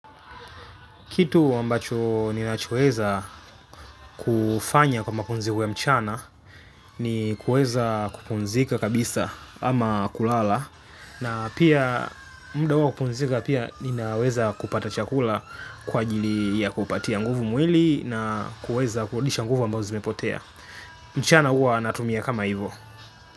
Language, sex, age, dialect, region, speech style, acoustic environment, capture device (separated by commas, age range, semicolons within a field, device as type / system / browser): Swahili, male, 25-34, Tanzania - Dar es Salaam, Tanzania, focused, silence, mobile / Linux / Chrome